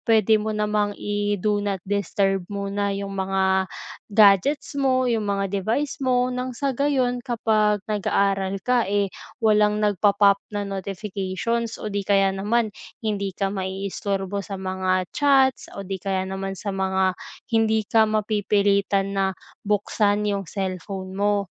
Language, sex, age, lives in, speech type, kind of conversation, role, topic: Filipino, female, 20-24, Philippines, conversation, advice, advisor, Paano ako mananatiling nakatuon sa gawain sa takdang oras?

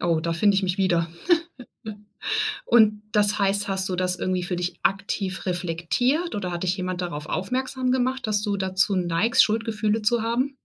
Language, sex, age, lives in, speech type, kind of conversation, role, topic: German, female, 40-44, Germany, conversation, podcast, host, Wie bittest du um Unterstützung, ohne dich schuldig zu fühlen?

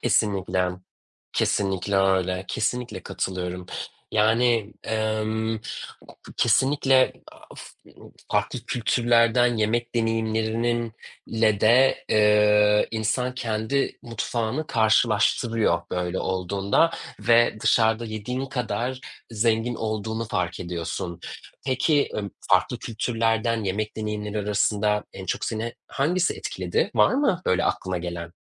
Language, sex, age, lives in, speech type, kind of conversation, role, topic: Turkish, male, 35-39, Germany, conversation, unstructured, none, Şimdiye kadar yaşadığın en unutulmaz yemek deneyimi hangisiydi?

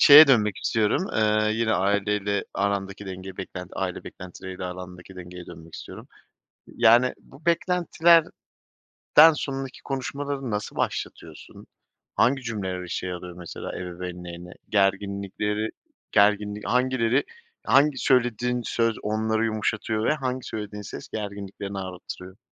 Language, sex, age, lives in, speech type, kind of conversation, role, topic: Turkish, male, 30-34, Poland, conversation, podcast, host, Ailenin beklentileriyle kendi hedeflerini nasıl dengelersin?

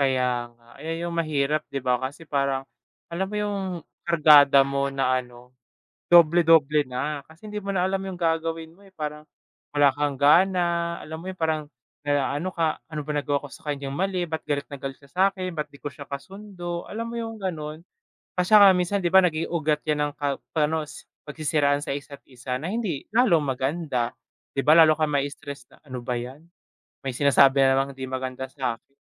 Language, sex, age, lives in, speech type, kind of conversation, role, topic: Filipino, male, 20-24, Philippines, conversation, unstructured, none, Ano ang ginagawa mo para maging masaya sa trabaho?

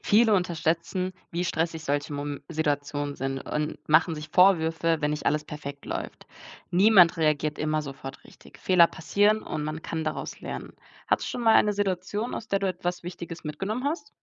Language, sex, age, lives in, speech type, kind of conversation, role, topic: German, female, 20-24, Germany, read, expert-assistant, expert, Wie kann ich lernen, in Notfällen den Überblick zu behalten?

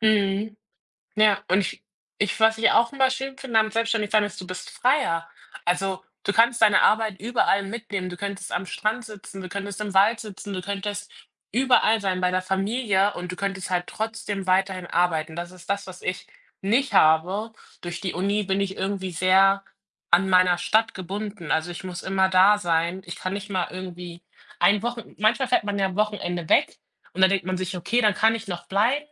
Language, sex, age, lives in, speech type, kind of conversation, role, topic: German, female, 20-24, Germany, conversation, unstructured, none, Was magst du an deinem Job am meisten?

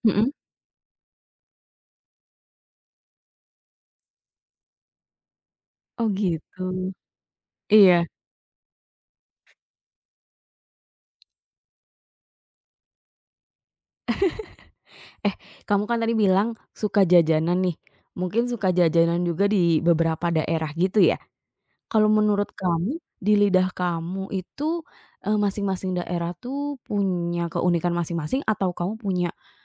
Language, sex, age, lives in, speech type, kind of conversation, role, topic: Indonesian, female, 30-34, Indonesia, conversation, podcast, host, Apa makanan kaki lima Indonesia favoritmu, dan kenapa?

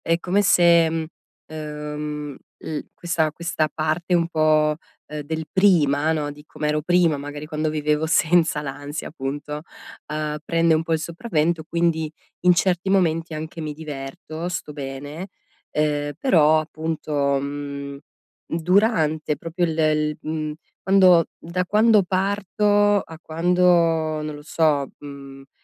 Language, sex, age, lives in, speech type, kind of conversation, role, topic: Italian, female, 35-39, Italy, conversation, advice, user, Come posso calmarmi subito quando mi sento sopraffatto o molto arrabbiato?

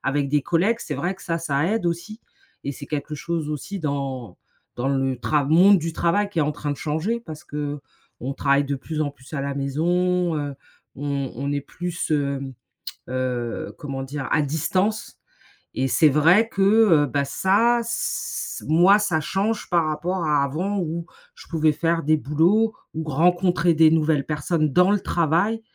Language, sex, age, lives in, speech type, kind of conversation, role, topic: French, female, 45-49, United States, conversation, advice, user, Pourquoi est-il si difficile de refaire confiance après une trahison ?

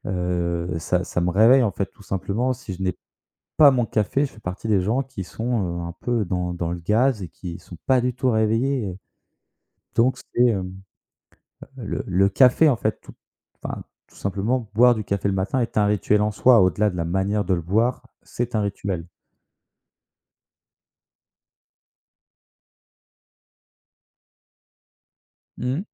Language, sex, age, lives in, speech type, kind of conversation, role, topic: French, male, 25-29, France, conversation, podcast, guest, Quel est ton rituel du matin autour du café ou du thé ?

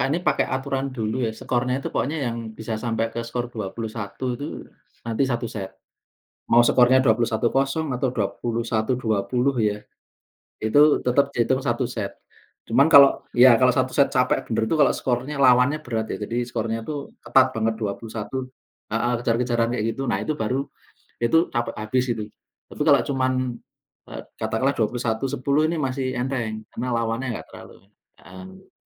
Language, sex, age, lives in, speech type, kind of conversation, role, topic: Indonesian, male, 40-44, Indonesia, conversation, unstructured, none, Apa yang kamu rasakan saat berhasil mencapai target olahraga?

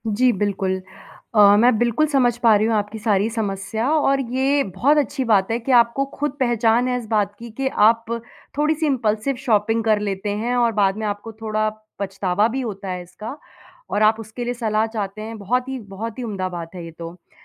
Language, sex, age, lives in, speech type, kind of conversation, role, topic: Hindi, female, 30-34, India, conversation, advice, advisor, अचानक हुई खरीदारी और बाद में पछतावा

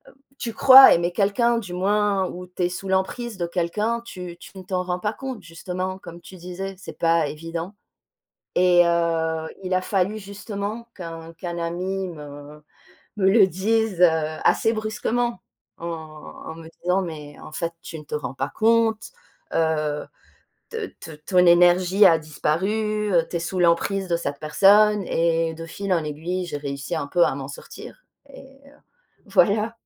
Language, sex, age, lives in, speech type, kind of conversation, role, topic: French, female, 35-39, France, conversation, unstructured, none, Comment savoir si une relation est toxique ?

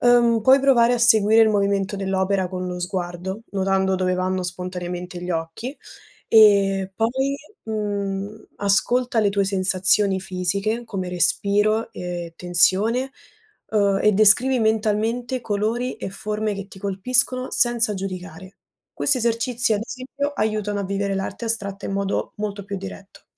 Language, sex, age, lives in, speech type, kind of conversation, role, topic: Italian, female, 20-24, Italy, read, expert-assistant, expert, Perché alcune opere d’arte ci emozionano più di altre?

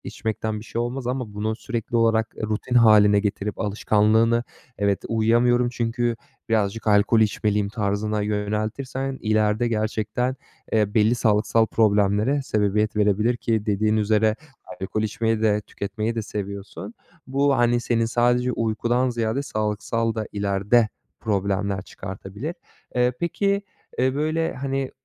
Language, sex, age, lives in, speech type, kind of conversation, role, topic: Turkish, male, 25-29, Germany, conversation, advice, advisor, Tutarlı bir yatma zamanı alışkanlığı oluşturmak için nereden başlamalıyım?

